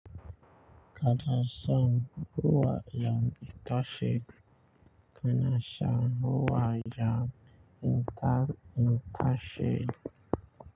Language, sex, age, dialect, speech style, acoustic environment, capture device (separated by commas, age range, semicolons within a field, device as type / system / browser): Hausa, male, 25-34, Nigeria - Nigerian Standard, relaxed, nature, mobile / Linux / Chrome